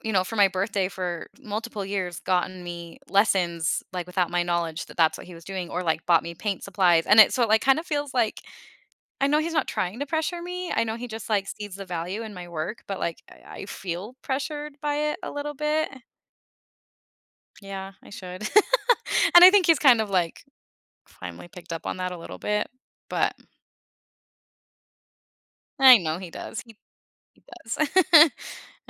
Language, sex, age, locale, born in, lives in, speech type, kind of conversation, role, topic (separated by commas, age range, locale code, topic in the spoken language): English, female, 35-39, en-US, United States, United States, conversation, advice, user, How do I decide whether to follow my passion or prioritize financial stability?